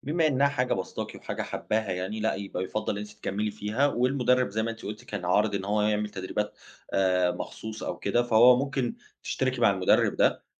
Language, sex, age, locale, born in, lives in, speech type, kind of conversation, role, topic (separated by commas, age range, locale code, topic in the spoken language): Arabic, male, 30-34, ar-EG, Egypt, Germany, conversation, advice, advisor, إزاي أتعامل مع فقدان شريك التمرين وصعوبة إني ألاقي حد جديد يشجعني؟